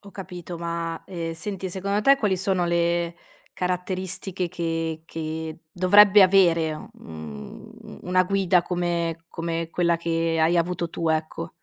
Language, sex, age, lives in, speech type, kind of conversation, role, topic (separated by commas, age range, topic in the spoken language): Italian, female, 30-34, Italy, conversation, podcast, host, Che caratteristiche cerchi in una guida o in un mentore?